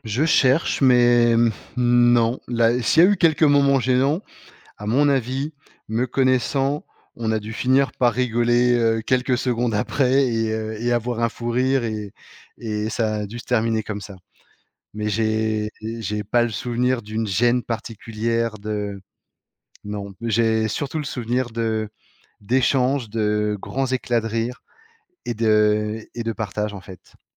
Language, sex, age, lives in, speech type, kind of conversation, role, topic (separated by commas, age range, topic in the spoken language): French, male, 35-39, France, conversation, podcast, guest, As-tu déjà été invité·e chez quelqu’un à l’étranger ?